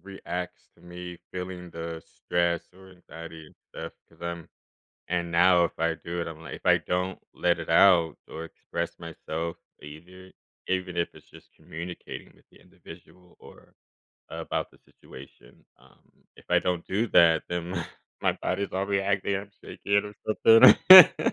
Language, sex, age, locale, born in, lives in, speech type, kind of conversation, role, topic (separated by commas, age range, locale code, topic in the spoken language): English, male, 30-34, en-US, Germany, United States, conversation, podcast, guest, How can practicing gratitude shape our outlook and relationships?